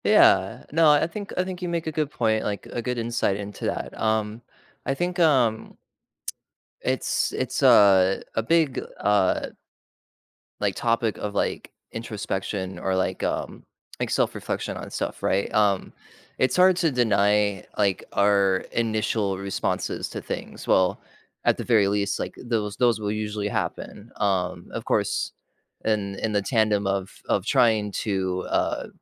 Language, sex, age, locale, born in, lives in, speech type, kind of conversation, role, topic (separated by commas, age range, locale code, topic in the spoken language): English, male, 35-39, en-US, United States, United States, conversation, unstructured, none, Why do minor disagreements sometimes turn into major conflicts?